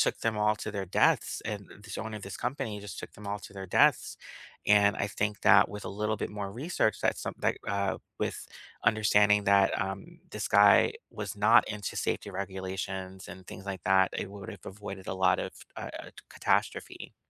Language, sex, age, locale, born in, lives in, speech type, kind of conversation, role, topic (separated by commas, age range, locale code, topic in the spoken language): English, male, 35-39, en-US, United States, United States, conversation, unstructured, none, Can adventure travel be made genuinely safe while preserving real thrill and authentic risk?